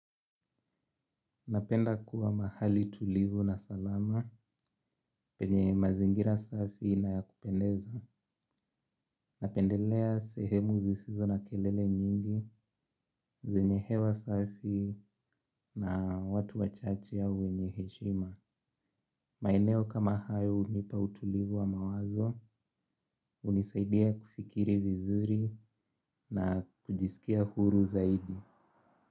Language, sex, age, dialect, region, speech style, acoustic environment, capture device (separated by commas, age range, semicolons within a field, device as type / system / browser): Swahili, male, 18-24, Kenya - Nairobi Sheng-influenced, Kenya, happy; relaxed; focused, nature; silence; traffic; appliances, mobile / Linux / Chrome